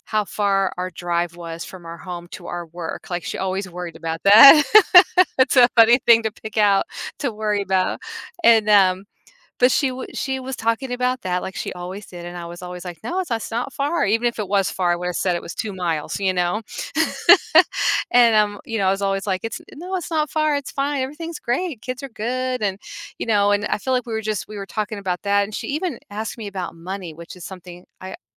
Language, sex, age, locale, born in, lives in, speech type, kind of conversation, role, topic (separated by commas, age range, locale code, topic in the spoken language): English, female, 50-54, en-US, United States, United States, conversation, advice, user, How do I tell my friend I regret not sharing romantic feelings?